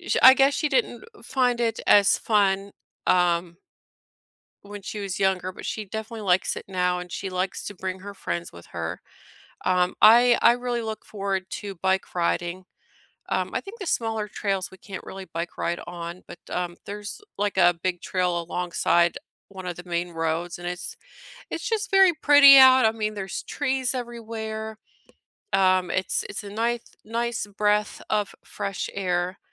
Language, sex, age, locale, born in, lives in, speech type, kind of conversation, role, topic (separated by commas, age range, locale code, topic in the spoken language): English, female, 40-44, en-US, United States, United States, conversation, unstructured, none, Which nearby outdoor place feels like yours, and what makes it special or meaningful to you?